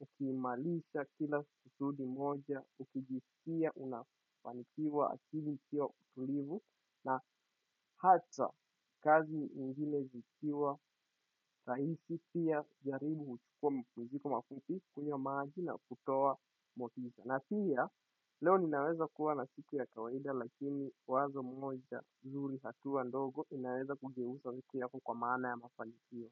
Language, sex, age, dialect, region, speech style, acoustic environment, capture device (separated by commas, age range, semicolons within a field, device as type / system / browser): Swahili, male, 18-24, Kenya - Mombasa, Kenya, happy, silence, desktop / Windows / Chrome